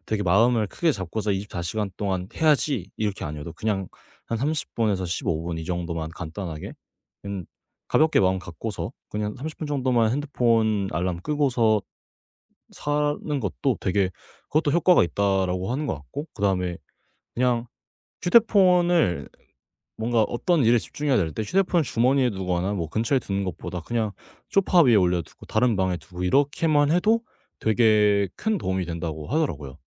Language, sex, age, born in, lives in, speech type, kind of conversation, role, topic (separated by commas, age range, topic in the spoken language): Korean, male, 18-19, South Korea, Japan, conversation, podcast, guest, 디지털 디톡스를 해본 적이 있나요?